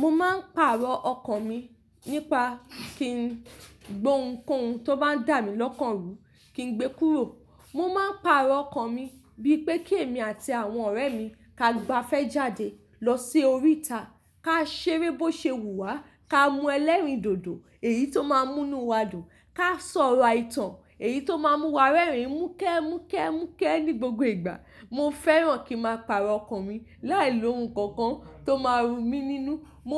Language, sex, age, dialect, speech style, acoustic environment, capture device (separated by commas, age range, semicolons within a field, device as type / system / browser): Yoruba, female, 25-34, Nigeria - Ibadan, relaxed; focused, nature; appliances, mobile / Linux / Chrome